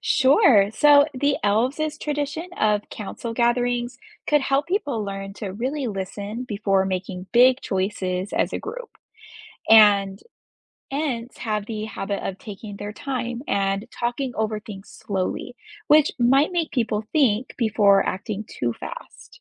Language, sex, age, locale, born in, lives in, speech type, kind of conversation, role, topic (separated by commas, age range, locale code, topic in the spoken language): English, female, 30-34, en-US, United States, United States, read, expert-assistant, expert, Which fictional world would you choose to live in?